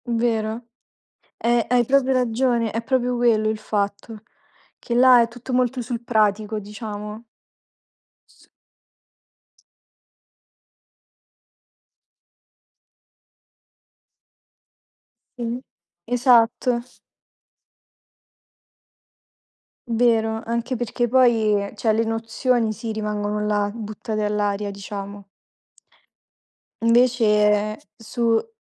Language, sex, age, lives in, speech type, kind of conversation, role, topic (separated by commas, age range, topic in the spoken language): Italian, female, 20-24, Italy, conversation, unstructured, none, Come immagini la tua vita fra dieci anni?